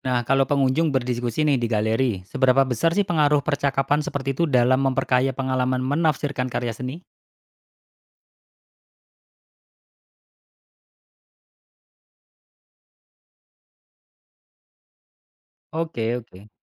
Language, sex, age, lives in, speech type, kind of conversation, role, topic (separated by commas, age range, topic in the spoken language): Indonesian, male, 35-39, Indonesia, read, expert-assistant, asker, Bagaimana cara kerja kritik seni dalam membantu kita melihat makna tersembunyi di balik sebuah lukisan?